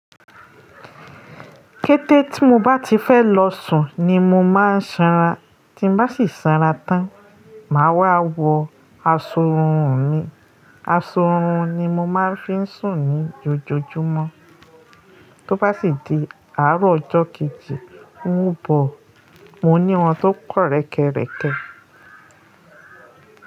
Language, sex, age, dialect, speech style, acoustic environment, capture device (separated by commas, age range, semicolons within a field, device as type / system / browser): Yoruba, female, 35-44, Nigeria - Lagos Yoruba, happy, silence, mobile / Linux / Chrome